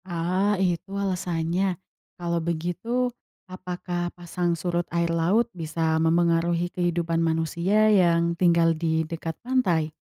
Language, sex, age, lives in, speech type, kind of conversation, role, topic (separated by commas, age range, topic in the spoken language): Indonesian, female, 20-24, Indonesia, read, expert-assistant, asker, Apa yang menyebabkan terjadinya pasang surut air laut?